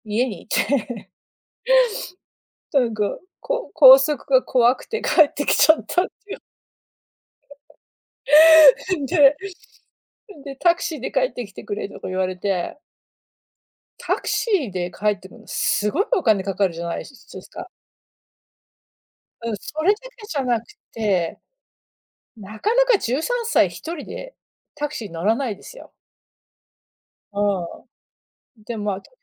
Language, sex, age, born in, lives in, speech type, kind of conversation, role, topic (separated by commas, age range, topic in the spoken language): Japanese, female, 40-44, United States, United States, conversation, unstructured, none, 自分にとって理想的な休日の過ごし方は何ですか？